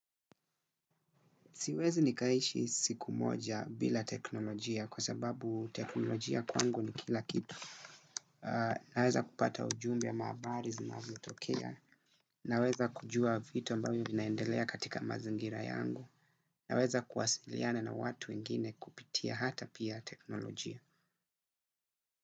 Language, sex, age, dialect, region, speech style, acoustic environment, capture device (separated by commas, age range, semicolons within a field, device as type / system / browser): Swahili, male, 25-34, Kenya - Mombasa, Kenya, relaxed, silence, desktop / Windows / Chrome